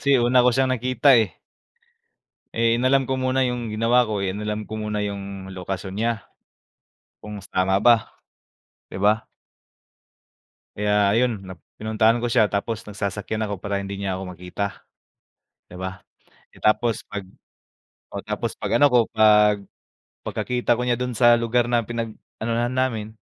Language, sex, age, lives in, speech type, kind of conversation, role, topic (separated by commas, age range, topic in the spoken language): Filipino, male, 25-29, Philippines, conversation, unstructured, none, May nakilala ka na bang tao dahil sa teknolohiya, at paano nangyari iyon?